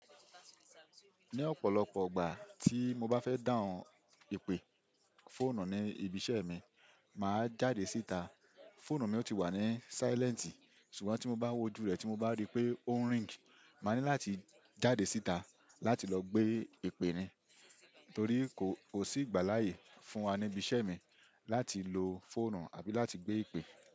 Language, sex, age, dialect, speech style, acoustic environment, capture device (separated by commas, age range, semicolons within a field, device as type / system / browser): Yoruba, male, 25-34, Nigeria - Ibadan, focused; happy; relaxed, silence, desktop / Windows / Chrome